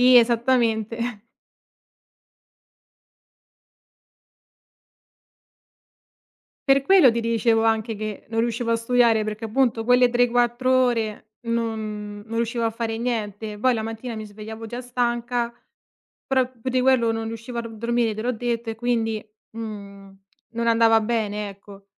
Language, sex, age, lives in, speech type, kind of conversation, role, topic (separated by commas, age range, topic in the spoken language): Italian, female, 25-29, Italy, conversation, podcast, guest, Hai mai fatto una pausa dai social network, e com’è andata?